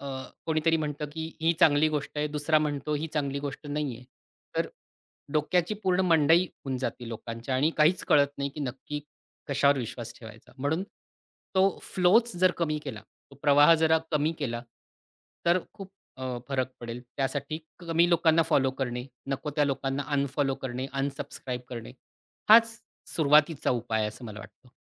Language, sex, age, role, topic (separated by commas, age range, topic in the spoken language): Marathi, male, 40-44, guest, सोशल मीडियावर माहितीचा मारा कसा सांभाळता?